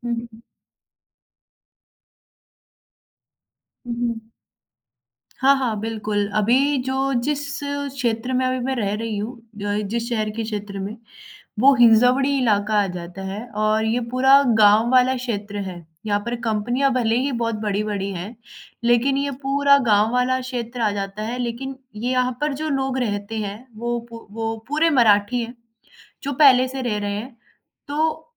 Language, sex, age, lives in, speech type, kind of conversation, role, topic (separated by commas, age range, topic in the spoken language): Hindi, female, 20-24, India, conversation, advice, user, शहर बदलने के बाद आप नई ज़िंदगी में कैसे ढल रहे हैं?